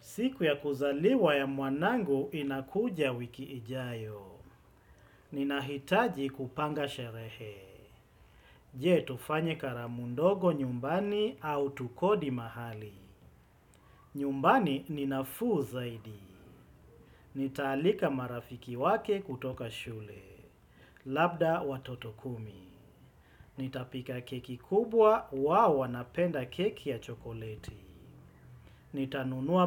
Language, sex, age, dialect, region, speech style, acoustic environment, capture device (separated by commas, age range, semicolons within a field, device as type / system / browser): Swahili, male, 35-44, Kenya - Mombasa, Kenya, focused, silence, desktop / Windows / Chrome